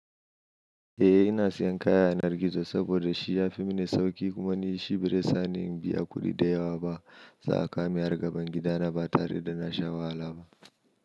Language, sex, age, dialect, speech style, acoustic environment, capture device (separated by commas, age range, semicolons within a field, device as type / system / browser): Hausa, male, 25-34, Nigeria - Kano, happy, silence; nature, mobile / Linux / Chrome